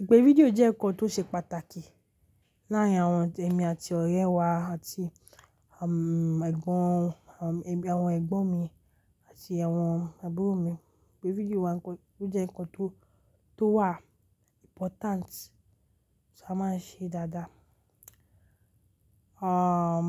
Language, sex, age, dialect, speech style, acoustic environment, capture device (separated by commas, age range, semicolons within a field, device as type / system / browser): Yoruba, female, 35-44, Nigeria - Lagos Yoruba, excited; relaxed, nature, mobile / Linux / Chrome